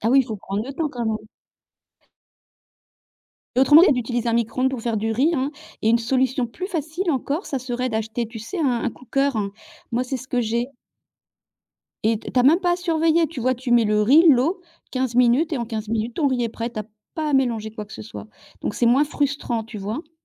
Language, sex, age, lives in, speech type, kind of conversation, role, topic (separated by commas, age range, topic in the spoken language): French, female, 55-59, France, conversation, unstructured, none, Quelle est la partie la plus frustrante de la cuisine pour toi ?